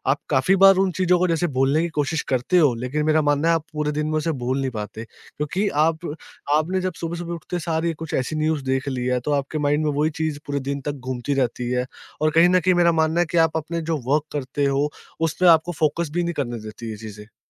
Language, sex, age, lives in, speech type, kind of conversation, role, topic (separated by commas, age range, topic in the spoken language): Hindi, male, 20-24, India, conversation, podcast, guest, Subah phone check karna tumhe helpful zyada lagta hai ya distracting, aur kyun?